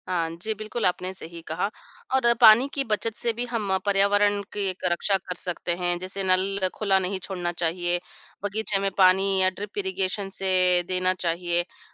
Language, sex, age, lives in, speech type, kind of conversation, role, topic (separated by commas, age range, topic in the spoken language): Hindi, female, 40-44, India, conversation, unstructured, none, आपके अनुसार पर्यावरण को बचाने के लिए सबसे जरूरी कदम क्या है?